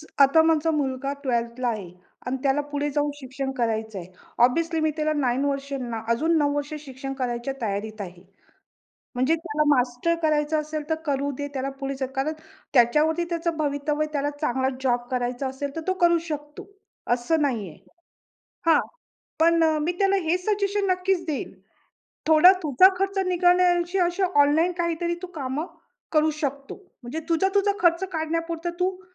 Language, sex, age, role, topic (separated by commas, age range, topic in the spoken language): Marathi, female, 45-49, guest, पुढे शिक्षण घ्यावे की लगेच कामाला लागावे, तुम्ही काय निवडाल?